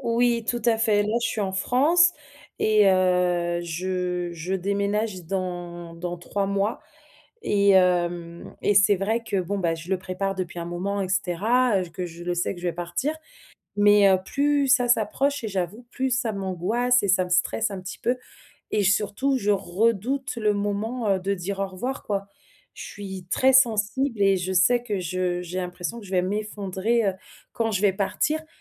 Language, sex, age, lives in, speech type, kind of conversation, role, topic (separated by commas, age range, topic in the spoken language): French, female, 30-34, France, conversation, advice, user, Comment dire au revoir à ma famille et à mes amis avant de déménager à l’étranger ?